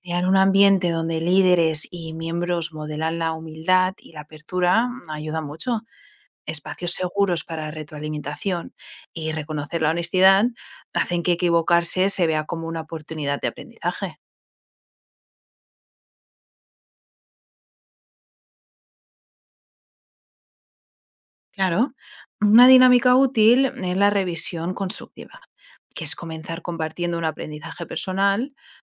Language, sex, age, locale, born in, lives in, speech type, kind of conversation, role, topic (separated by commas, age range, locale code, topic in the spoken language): Spanish, female, 25-29, es-ES, Spain, United States, read, expert-assistant, expert, ¿Qué valores o enseñanzas de las religiones principales del mundo consideras más relevantes para la vida actual y por qué?